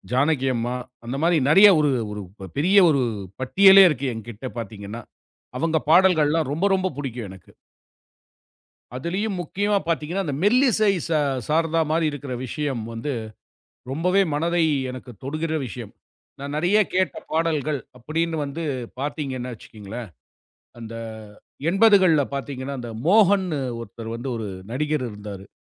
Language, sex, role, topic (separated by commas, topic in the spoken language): Tamil, male, guest, சிறுவயதில் நீங்கள் அதிகமாகக் கேட்ட பாடல் எது, அதைப் பற்றி சொல்ல முடியுமா?